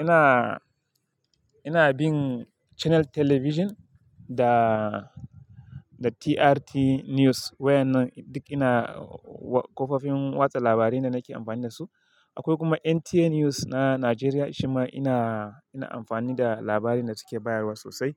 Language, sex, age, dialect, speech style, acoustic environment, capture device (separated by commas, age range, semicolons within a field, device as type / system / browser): Hausa, male, 25-34, Nigeria - Kano, happy; excited; focused, silence; people talking, mobile / Linux / Chrome